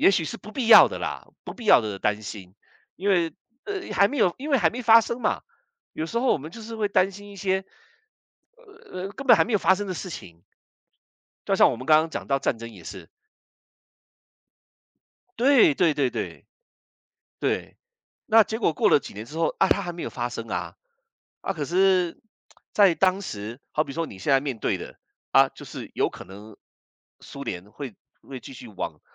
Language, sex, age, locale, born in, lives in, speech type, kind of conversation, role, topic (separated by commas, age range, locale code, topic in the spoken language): Chinese, male, 55-59, zh-CN, Taiwan, United States, conversation, unstructured, none, 你最害怕未来会发生什么？